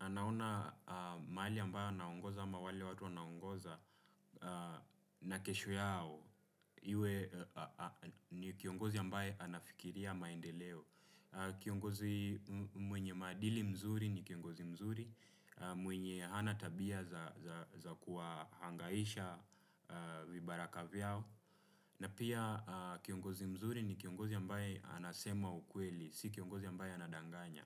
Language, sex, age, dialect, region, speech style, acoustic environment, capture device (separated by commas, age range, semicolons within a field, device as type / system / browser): Swahili, male, 25-34, Kenya - Nairobi Sheng-influenced, Kenya, relaxed; happy, nature; appliances; silence, desktop / Windows / Chrome